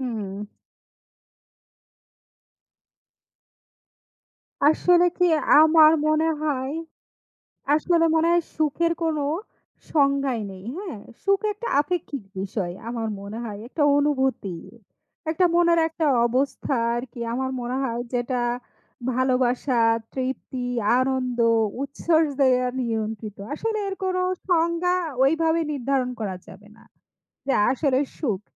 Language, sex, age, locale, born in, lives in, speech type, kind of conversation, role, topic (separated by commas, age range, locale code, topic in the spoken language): Bengali, female, 35-39, bn-BD, Bangladesh, Bangladesh, conversation, unstructured, none, আপনি কীভাবে সুখের প্রকৃত সংজ্ঞা দেবেন?